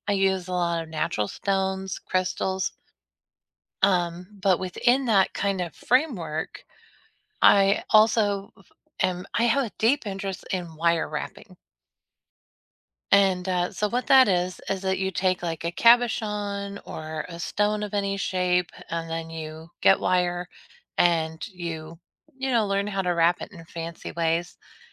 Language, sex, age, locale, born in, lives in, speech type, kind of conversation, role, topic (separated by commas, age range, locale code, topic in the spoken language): English, female, 40-44, en-US, United States, United States, conversation, unstructured, none, What new hobby have you started recently, and how has the experience been so far?